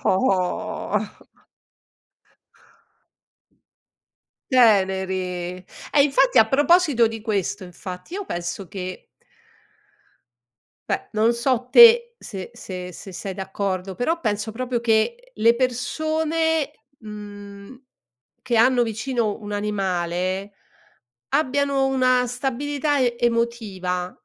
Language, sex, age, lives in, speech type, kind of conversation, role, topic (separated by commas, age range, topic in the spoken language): Italian, female, 60-64, Italy, conversation, unstructured, none, Come pensi che gli animali possano cambiare la nostra vita?